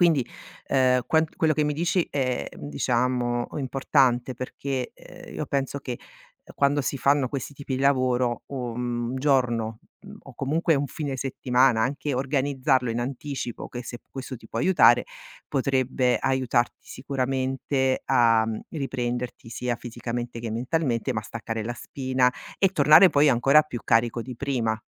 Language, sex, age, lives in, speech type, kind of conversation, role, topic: Italian, female, 45-49, Italy, conversation, advice, advisor, Come posso gestire il sovraccarico di lavoro e riuscire a staccare la mente?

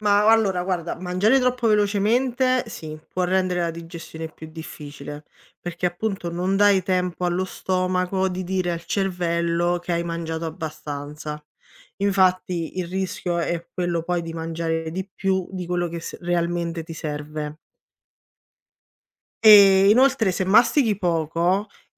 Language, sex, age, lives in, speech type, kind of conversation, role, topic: Italian, female, 30-34, Italy, read, expert-assistant, expert, Come funziona la digestione nel corpo umano?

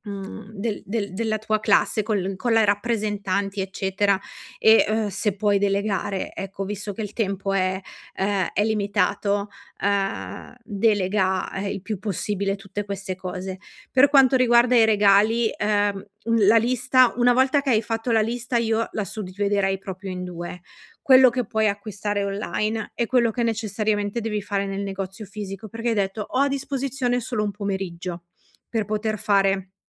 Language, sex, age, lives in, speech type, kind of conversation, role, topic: Italian, female, 40-44, Italy, conversation, advice, advisor, Come posso fare shopping in modo efficiente per risparmiare tempo e denaro?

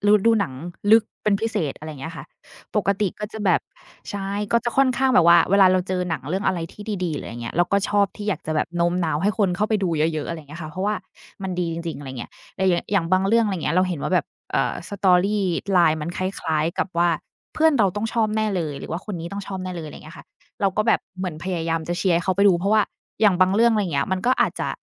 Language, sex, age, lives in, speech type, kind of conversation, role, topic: Thai, female, 30-34, Thailand, conversation, unstructured, none, คุณเคยพยายามโน้มน้าวให้ใครสักคนลองทำงานอดิเรกใหม่ไหม และผลเป็นอย่างไรบ้าง?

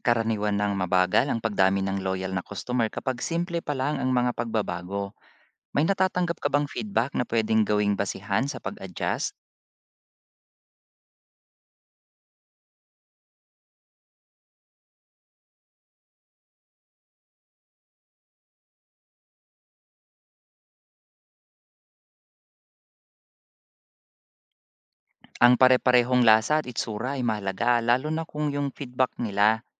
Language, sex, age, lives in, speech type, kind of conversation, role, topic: Filipino, male, 40-44, Philippines, read, expert-assistant, expert, Kapag limitado ang budget, ano ang dapat unahin ng isang maliit na negosyo: customer acquisition o customer retention? Ano ang epekto ng bawat opsyon sa pangmatagalang tagumpay?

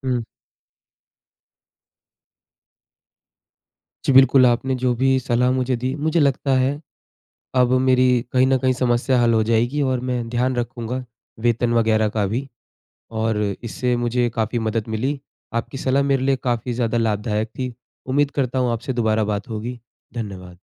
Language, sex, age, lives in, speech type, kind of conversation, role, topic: Hindi, male, 20-24, India, conversation, advice, user, काम का तनाव मुझे सोने नहीं देता और मेरा दिमाग शांत नहीं होता, मैं क्या करूँ?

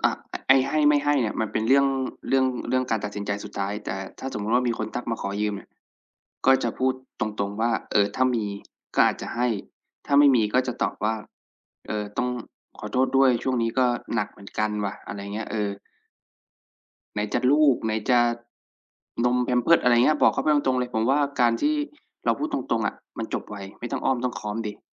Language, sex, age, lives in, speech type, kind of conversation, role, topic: Thai, male, 25-29, Thailand, conversation, unstructured, none, เมื่อเพื่อนขอความช่วยเหลือแต่คุณไม่อยากช่วย คุณควรทำอย่างไร?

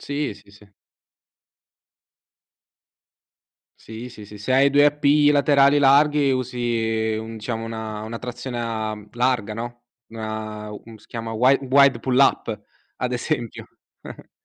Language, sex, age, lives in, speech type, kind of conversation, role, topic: Italian, male, 20-24, Italy, conversation, podcast, host, Quali strategie usi per restare motivato ad allenarti?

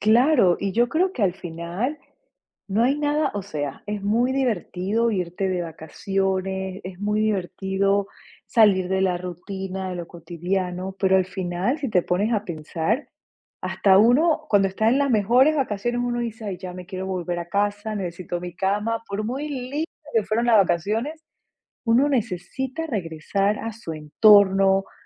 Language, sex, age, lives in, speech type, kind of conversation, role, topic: Spanish, female, 45-49, United States, conversation, podcast, guest, ¿Qué consejo darías para apreciar más lo cotidiano cada día?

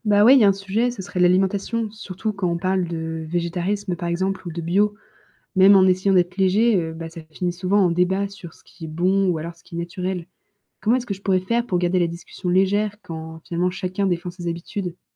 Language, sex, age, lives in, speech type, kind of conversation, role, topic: French, female, 20-24, France, read, expert-assistant, asker, Comment aborder le sujet de l’évolution avec des proches qui n’y croient pas ?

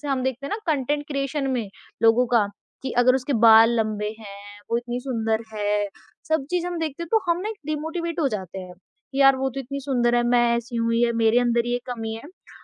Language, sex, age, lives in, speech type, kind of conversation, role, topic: Hindi, female, 20-24, India, conversation, podcast, guest, Tumne apni pehli self-care habit kab start ki thi?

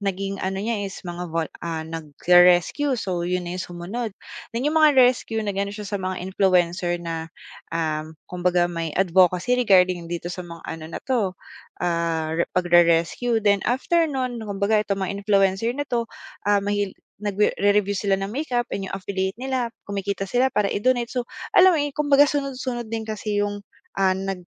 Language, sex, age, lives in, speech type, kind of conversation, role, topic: Filipino, female, 25-29, Philippines, conversation, podcast, guest, Paano naaapektuhan ng mga algoritmo ang panlasa mo sa mga napapanood at nababasang nilalaman?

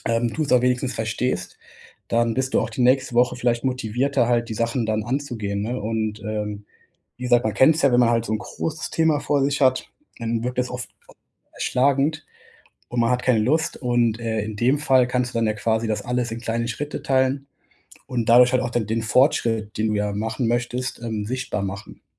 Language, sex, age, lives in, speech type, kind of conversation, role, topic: German, male, 25-29, Germany, conversation, advice, advisor, Wie bleibe ich motiviert, mich regelmäßig zu bewegen?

